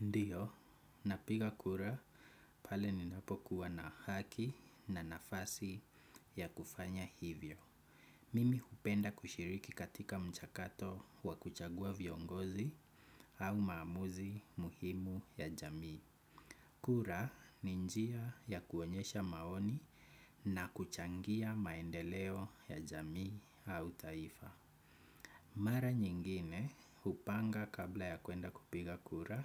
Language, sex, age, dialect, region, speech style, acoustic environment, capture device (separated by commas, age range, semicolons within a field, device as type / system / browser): Swahili, male, 25-34, Kenya - Nairobi Sheng-influenced, Kenya, happy, nature, desktop / Windows / Chrome